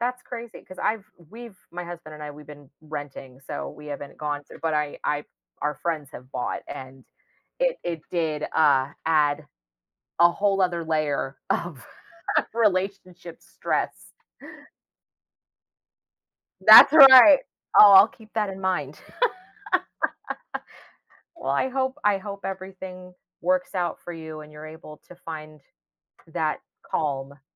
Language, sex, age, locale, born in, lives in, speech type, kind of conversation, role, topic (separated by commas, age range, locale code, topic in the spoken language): English, female, 35-39, en-US, United States, United States, conversation, advice, advisor, How can I balance family time and work during busy periods so I don't feel guilty?